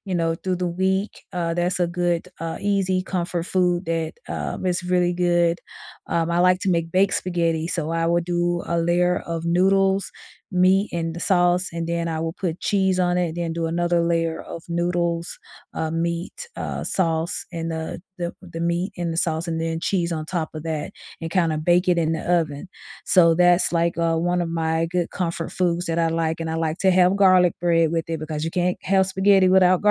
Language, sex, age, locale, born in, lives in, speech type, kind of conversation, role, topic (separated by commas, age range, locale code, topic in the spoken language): English, female, 45-49, en-US, United States, United States, conversation, unstructured, none, What comfort food always hits the spot for you?